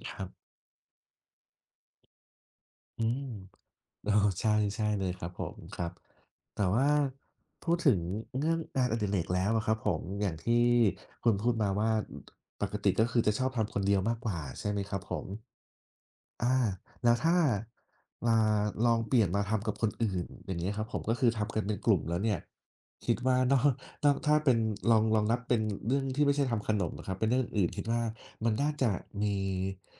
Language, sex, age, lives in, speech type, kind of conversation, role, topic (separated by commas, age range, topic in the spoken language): Thai, male, 30-34, Thailand, conversation, unstructured, none, ทำไมบางครั้งการทำงานอดิเรกถึงทำให้รู้สึกเหงา?